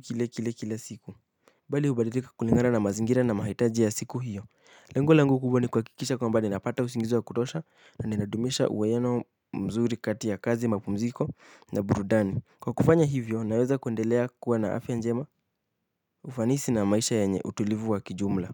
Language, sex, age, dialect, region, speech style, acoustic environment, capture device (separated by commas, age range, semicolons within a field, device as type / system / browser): Swahili, male, 18-24, Kenya - Nairobi Sheng-influenced, Kenya, happy, silence, mobile / Linux / Chrome